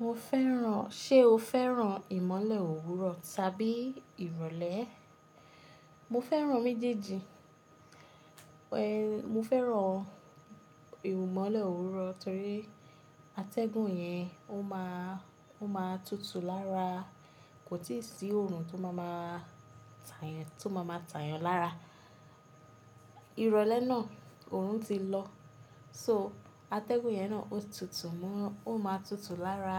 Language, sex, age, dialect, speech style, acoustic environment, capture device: Yoruba, female, 25-34, Nigeria - Lagos Yoruba, relaxed, appliances, mobile / Linux / Chrome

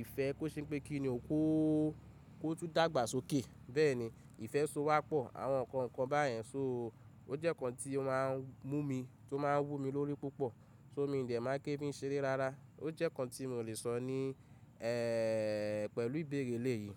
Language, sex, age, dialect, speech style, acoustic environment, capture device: Yoruba, male, 25-34, Nigeria - Ibadan, anxious, nature, mobile / Linux / Chrome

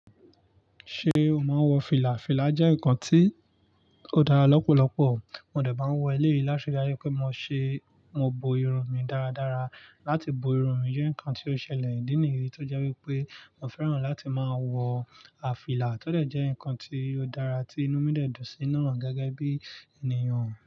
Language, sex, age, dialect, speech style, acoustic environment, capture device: Yoruba, male, 25-34, Nigeria - Nigerian Standard, relaxed, nature, mobile / Linux / Chrome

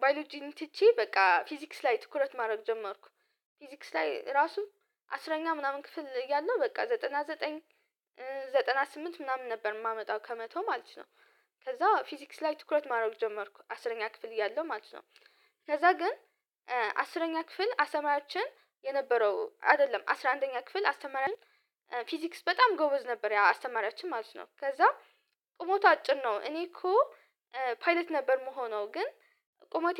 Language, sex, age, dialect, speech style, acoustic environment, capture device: Amharic, female, 18-24, Ethiopia - Addis Ababa, focused, silence, mobile / Linux / Chrome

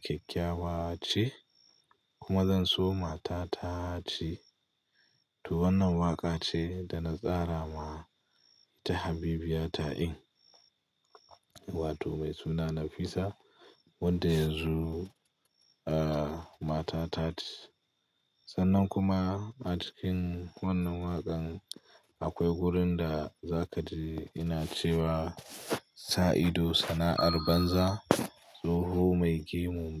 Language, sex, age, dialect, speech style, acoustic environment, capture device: Hausa, male, 25-34, Nigeria - Pidgin-influenced, focused, people talking; nature, mobile / Linux / Chrome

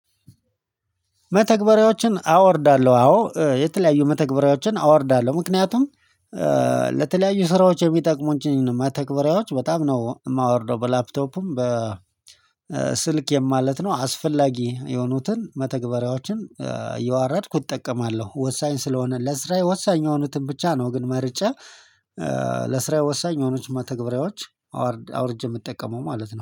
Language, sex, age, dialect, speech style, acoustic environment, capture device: Amharic, male, 35-44, Ethiopia - Addis Ababa, excited, people talking, mobile / Linux / Chrome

